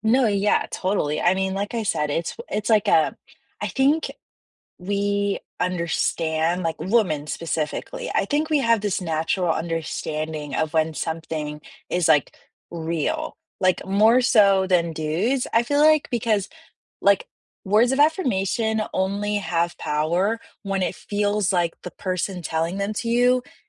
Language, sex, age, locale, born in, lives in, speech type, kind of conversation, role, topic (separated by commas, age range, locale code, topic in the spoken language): English, female, 20-24, en-US, United States, United States, conversation, unstructured, none, How do you show care in everyday moments, and which love languages feel most natural to you so you can understand and appreciate each other better?